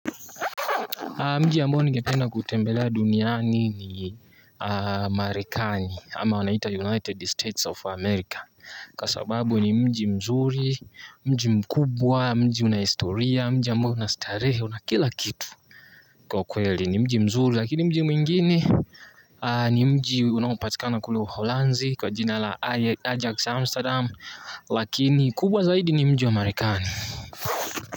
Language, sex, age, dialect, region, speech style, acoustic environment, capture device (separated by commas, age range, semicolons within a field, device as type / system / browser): Swahili, male, 18-24, Tanzania - Dar es Salaam, Tanzania, anxious, nature, mobile / Linux / Chrome